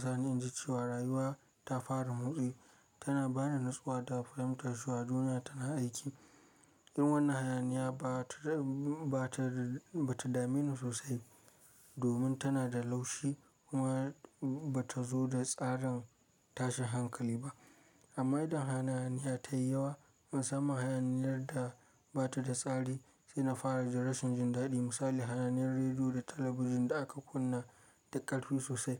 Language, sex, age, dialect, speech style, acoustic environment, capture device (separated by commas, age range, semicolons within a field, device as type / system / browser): Hausa, male, 25-34, Nigeria - Kano, focused, silence, mobile / Linux / Chrome